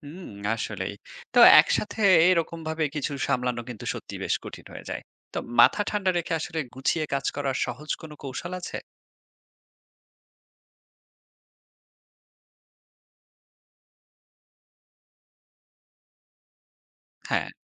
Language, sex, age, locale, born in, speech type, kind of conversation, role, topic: Bengali, male, 30-34, bn-BD, Bangladesh, read, expert-assistant, asker, ট্রিপের সময় কেন মনে হয় সবকিছু শেষ মুহূর্তে গড়বড় হয়ে যাচ্ছে?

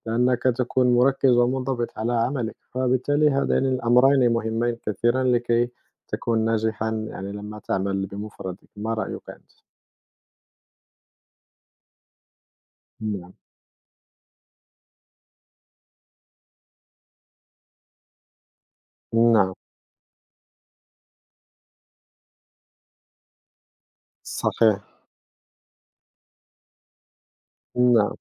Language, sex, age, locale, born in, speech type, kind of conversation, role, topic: Arabic, male, 30-34, ar-MA, Morocco, conversation, unstructured, none, إنت بتفضّل تشتغل في فريق ولا لوحدك؟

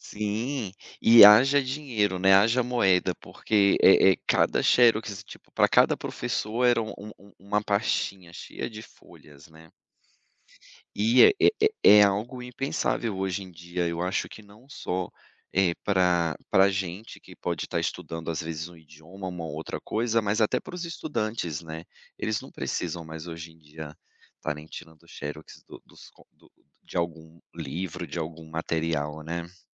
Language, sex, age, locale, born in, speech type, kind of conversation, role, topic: Portuguese, male, 35-39, pt-BR, Brazil, conversation, podcast, guest, Como a internet mudou a sua forma de aprender?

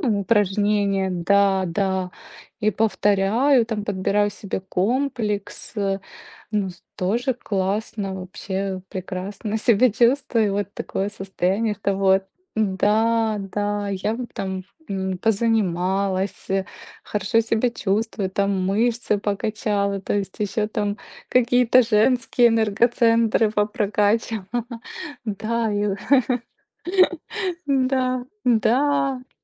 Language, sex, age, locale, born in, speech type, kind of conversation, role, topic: Russian, female, 35-39, ru-RU, Ukraine, conversation, unstructured, none, Как спорт помогает улучшить самооценку?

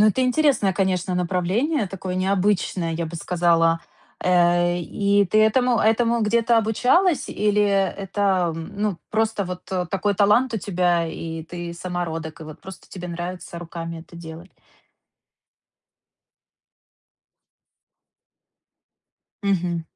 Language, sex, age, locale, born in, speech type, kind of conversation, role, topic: Russian, female, 30-34, ru-RU, Ukraine, conversation, advice, advisor, Как встроить творчество в плотный рабочий график?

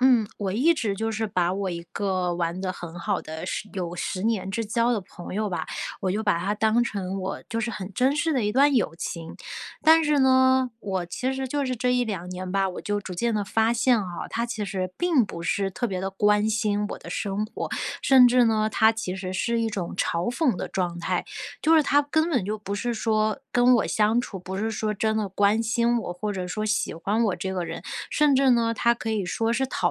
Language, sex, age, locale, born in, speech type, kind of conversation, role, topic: Chinese, female, 30-34, zh-CN, China, conversation, advice, user, 当我需要支持时朋友却不支持，我该如何表达自己的受伤和需求？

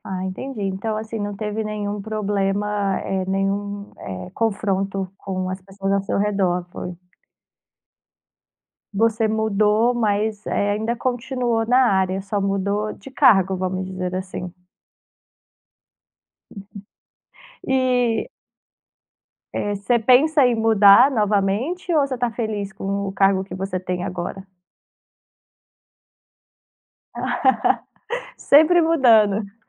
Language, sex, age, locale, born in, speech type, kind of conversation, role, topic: Portuguese, female, 30-34, pt-BR, Brazil, conversation, podcast, host, Quando é a hora certa de mudar de carreira?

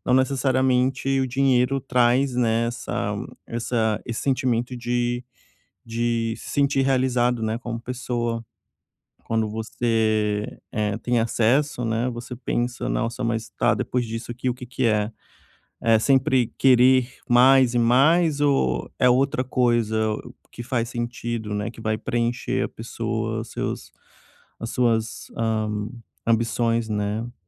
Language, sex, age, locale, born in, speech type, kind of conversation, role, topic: Portuguese, male, 30-34, pt-BR, Brazil, conversation, podcast, host, Que influência o dinheiro tem na sua noção de sucesso?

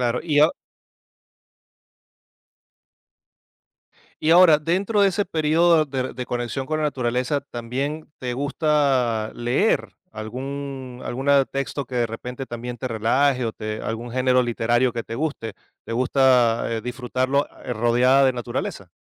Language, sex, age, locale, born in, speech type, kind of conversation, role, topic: Spanish, male, 45-49, es-VE, Venezuela, conversation, podcast, guest, ¿Cómo te conectas con la naturaleza cuando vives en la ciudad?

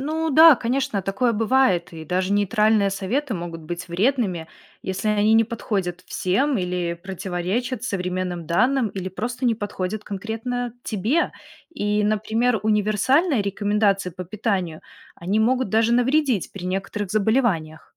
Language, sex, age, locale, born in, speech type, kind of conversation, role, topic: Russian, female, 30-34, ru-RU, Belarus, read, expert-assistant, expert, Как понять, что информация о психическом здоровье в интернете действительно заслуживает доверия?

